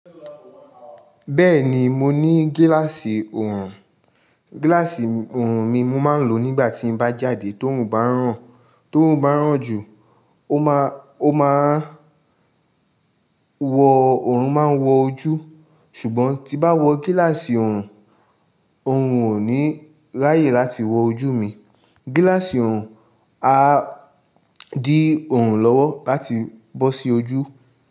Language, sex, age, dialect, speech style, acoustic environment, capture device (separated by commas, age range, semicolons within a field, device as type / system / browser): Yoruba, male, 25-34, Nigeria - Lagos Yoruba, tired, people talking; construction; traffic; music, mobile / Linux / Chrome